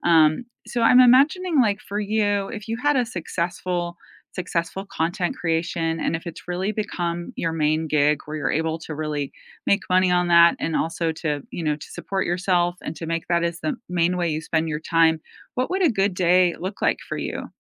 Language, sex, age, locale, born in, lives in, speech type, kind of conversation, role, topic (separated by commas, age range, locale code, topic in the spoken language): English, female, 45-49, en-US, United States, United States, conversation, unstructured, none, What are you working toward in your personal life this year, and what kind of support helps you most?